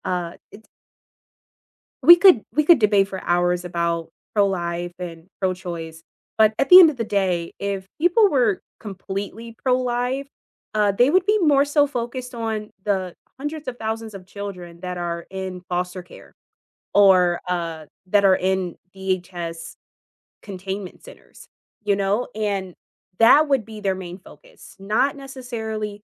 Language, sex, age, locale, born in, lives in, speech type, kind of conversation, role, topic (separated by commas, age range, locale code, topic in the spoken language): English, female, 25-29, en-US, United States, United States, conversation, unstructured, none, What do you think causes most political arguments today?